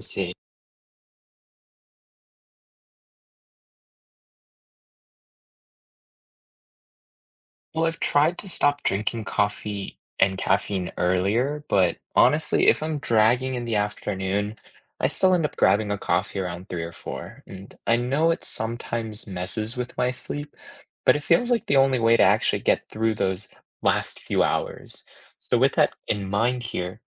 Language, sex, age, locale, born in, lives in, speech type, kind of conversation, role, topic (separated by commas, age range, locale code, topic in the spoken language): English, male, 25-29, en-US, United States, United States, read, expert-assistant, asker, How can daily routines align with natural body rhythms?